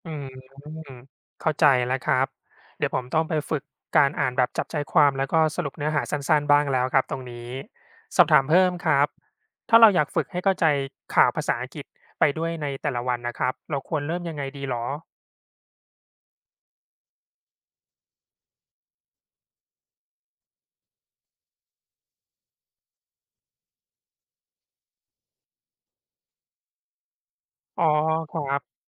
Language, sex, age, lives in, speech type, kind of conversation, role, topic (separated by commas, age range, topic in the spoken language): Thai, male, 35-39, Thailand, read, expert-assistant, asker, การอ่านข่าวสารประจำวันช่วยเสริมความรู้รอบตัวได้อย่างไร